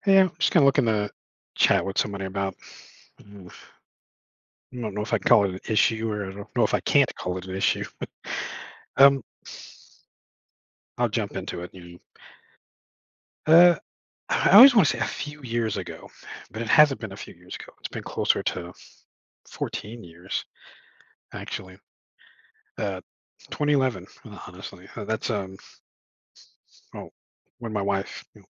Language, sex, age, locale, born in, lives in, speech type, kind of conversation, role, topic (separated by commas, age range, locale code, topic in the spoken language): English, male, 40-44, en-US, United States, United States, conversation, advice, user, How do I cope with loneliness after losing my spouse?